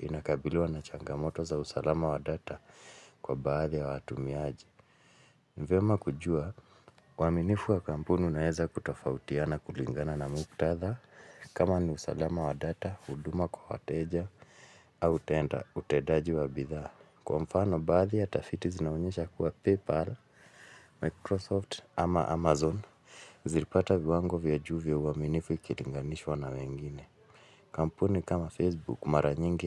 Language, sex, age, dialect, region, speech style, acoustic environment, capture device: Swahili, male, 35-44, Kenya - Nairobi Sheng-influenced, Kenya, anxious, silence, mobile / Linux / Chrome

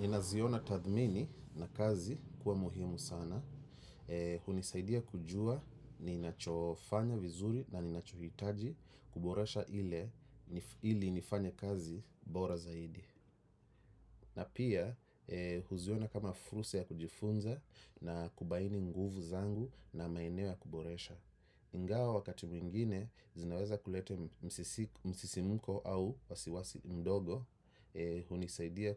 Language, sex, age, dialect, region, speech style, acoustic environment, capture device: Swahili, male, 35-44, Kenya - Nairobi Sheng-influenced, Kenya, focused, silence, desktop / macOS / Chrome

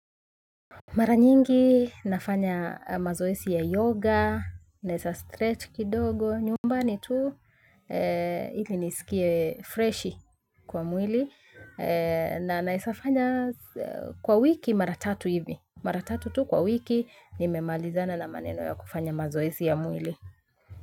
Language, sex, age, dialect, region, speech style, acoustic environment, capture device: Swahili, female, 25-34, Kenya - Nairobi Sheng-influenced, Kenya, relaxed, nature, mobile / Linux / Chrome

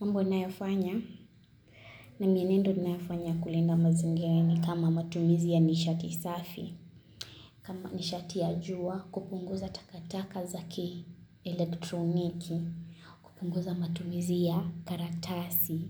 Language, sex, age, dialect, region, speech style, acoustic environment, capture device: Swahili, female, 18-24, Kenya - Kitui, Kenya, relaxed; focused; excited; happy, construction, mobile / Linux / Chrome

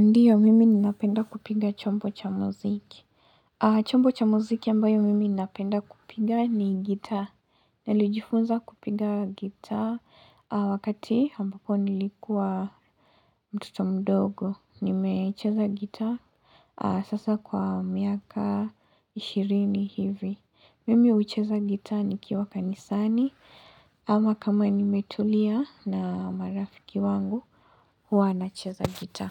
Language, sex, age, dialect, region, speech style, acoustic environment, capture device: Swahili, female, 18-24, Kenya - Mombasa, Kenya, relaxed, silence; nature, mobile / Linux / Chrome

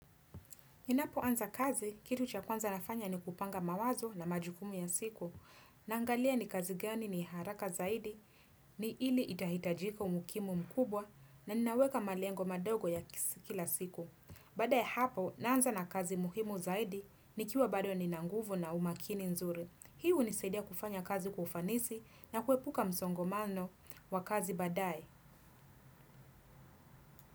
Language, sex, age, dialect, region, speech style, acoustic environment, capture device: Swahili, female, 25-34, Kenya - Nairobi Sheng-influenced, Kenya, happy, nature, desktop / Windows / Chrome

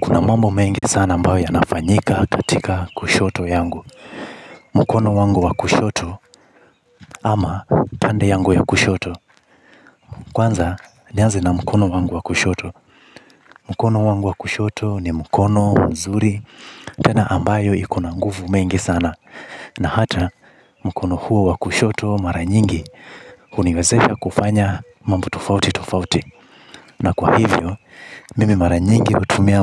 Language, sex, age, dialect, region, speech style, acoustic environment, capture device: Swahili, male, 25-34, Kenya - Mombasa, Kenya, happy, nature, mobile / Linux / Chrome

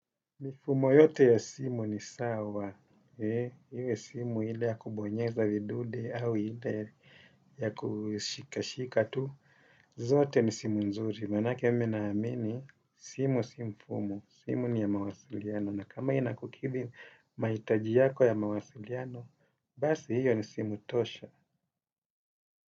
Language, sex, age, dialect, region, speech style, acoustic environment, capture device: Swahili, male, 35-44, Kenya - Mombasa, Kenya, happy; focused; relaxed, nature; silence, desktop / Windows / Chrome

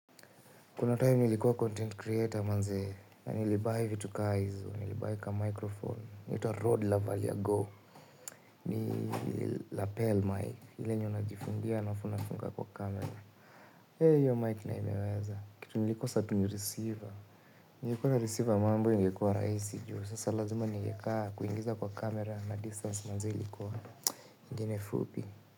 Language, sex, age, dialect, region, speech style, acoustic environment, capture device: Swahili, male, 25-34, Kenya - Nairobi Sheng-influenced, Kenya, focused, appliances, mobile / Linux / Chrome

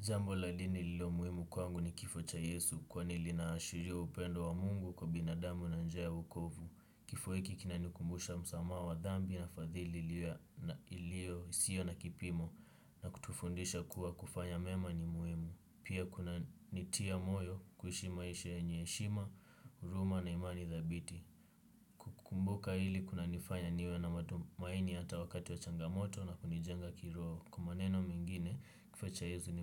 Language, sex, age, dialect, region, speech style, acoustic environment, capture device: Swahili, male, 18-24, Kenya - Nairobi Sheng-influenced, Kenya, relaxed, silence; nature, desktop / Windows / Chrome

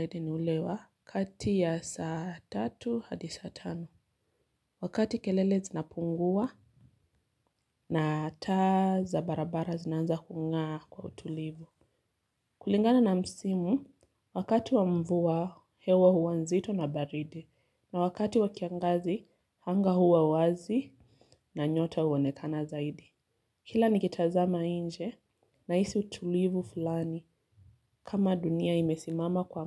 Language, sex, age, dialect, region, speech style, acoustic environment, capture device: Swahili, female, 25-34, Kenya - Mombasa, Kenya, focused, silence, mobile / Linux / Chrome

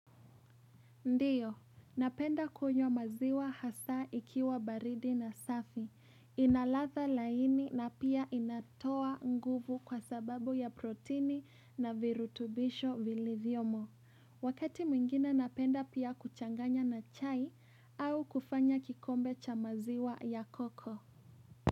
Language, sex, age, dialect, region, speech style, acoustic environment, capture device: Swahili, female, 18-24, Kenya - Nairobi Sheng-influenced, Kenya, happy; relaxed, appliances, mobile / Linux / Chrome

